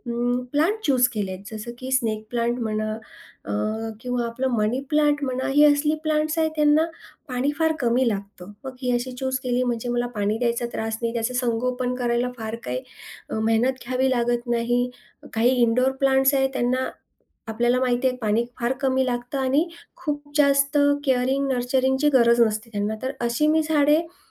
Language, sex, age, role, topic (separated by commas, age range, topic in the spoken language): Marathi, female, 40-44, guest, तुझा असा कोणता छंद आहे जो तू आजही नियमितपणे करतोस?